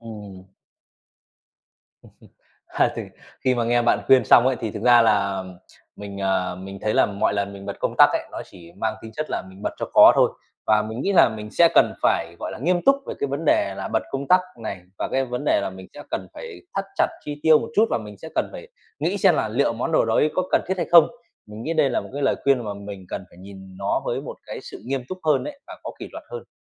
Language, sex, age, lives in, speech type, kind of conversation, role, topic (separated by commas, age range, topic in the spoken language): Vietnamese, male, 25-29, Vietnam, conversation, advice, user, Việc tiêu xài bốc đồng đang gây căng thẳng tài chính cho bạn như thế nào?